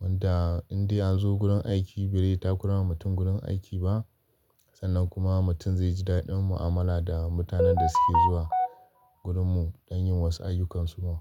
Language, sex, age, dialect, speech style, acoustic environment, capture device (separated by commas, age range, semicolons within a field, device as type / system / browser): Hausa, male, 25-34, Nigeria - Kano, happy; focused, nature, desktop / Linux / Chrome